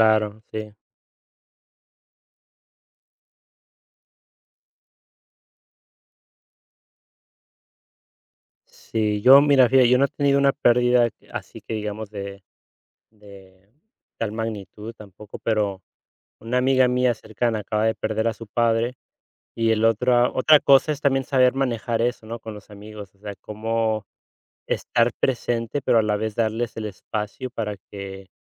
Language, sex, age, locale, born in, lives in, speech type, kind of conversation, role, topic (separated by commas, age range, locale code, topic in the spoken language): Spanish, male, 35-39, es-US, United States, Spain, conversation, unstructured, none, ¿Crees que la sociedad es demasiado fría ante la pérdida?